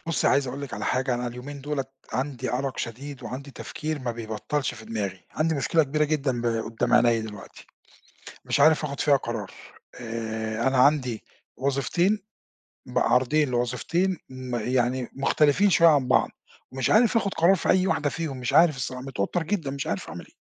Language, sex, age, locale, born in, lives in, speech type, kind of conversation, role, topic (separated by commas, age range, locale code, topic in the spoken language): Arabic, male, 50-54, ar-EG, Egypt, Portugal, conversation, advice, user, إزاي أقرر بين عرضين شغل مختلفين؟